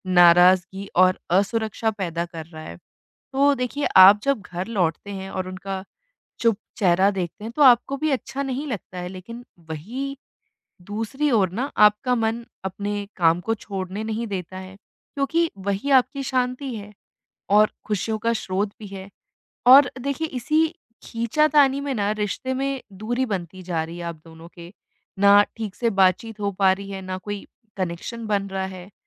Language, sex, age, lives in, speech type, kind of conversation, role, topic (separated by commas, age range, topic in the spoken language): Hindi, female, 25-29, India, conversation, advice, advisor, घर में सीमाएँ न होने से बार-बार झगड़ा और तनाव क्यों बढ़ रहा है?